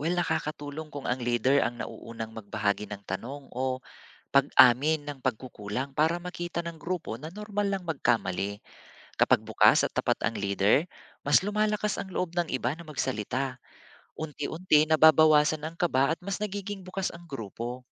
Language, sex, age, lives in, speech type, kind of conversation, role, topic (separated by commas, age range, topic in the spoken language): Filipino, male, 40-44, Philippines, read, expert-assistant, expert, Ano ang puwedeng gawin kapag nahihirapan kang magkaintindihan sa trabaho dahil sa iba’t ibang kultura dulot ng globalisasyon?